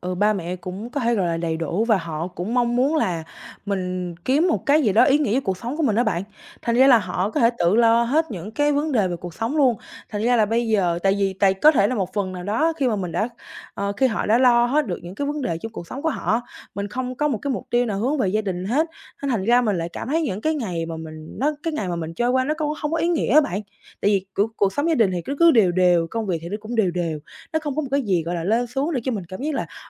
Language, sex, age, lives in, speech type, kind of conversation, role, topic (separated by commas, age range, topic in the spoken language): Vietnamese, female, 18-19, Vietnam, conversation, advice, user, Làm sao để tìm được hướng sống có ý nghĩa và biết điều gì thực sự quan trọng với mình?